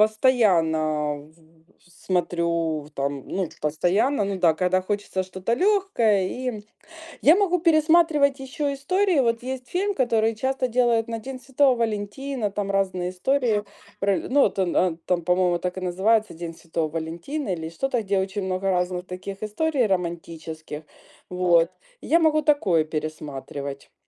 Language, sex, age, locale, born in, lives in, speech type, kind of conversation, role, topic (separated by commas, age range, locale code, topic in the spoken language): Russian, female, 45-49, ru-RU, Ukraine, Spain, conversation, unstructured, none, Какой фильм или сериал ты можешь пересматривать снова и снова?